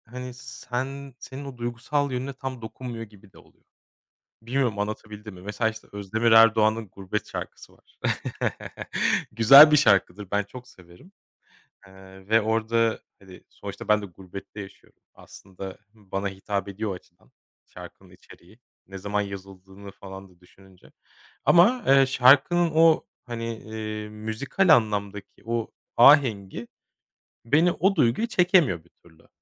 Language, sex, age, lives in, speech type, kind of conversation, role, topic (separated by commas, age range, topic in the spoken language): Turkish, male, 35-39, Germany, conversation, podcast, guest, Hangi şarkı seni ağlatır ve neden?